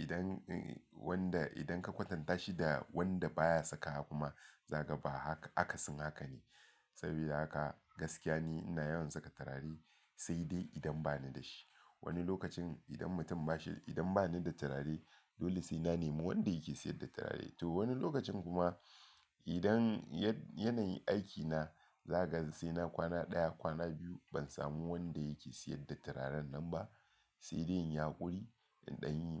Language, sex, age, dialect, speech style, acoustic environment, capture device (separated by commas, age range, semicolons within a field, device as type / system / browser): Hausa, male, 35-44, Nigeria - Lagos Yoruba, relaxed, people talking, mobile / Linux / Chrome